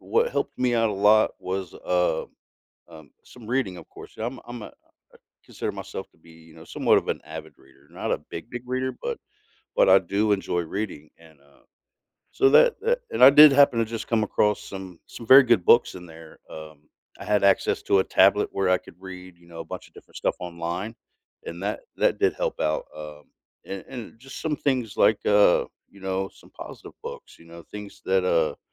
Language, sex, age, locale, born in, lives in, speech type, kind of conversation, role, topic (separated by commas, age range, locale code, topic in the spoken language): English, male, 40-44, en-US, United States, United States, conversation, podcast, guest, How can facing conflict help us build stronger relationships and personal growth?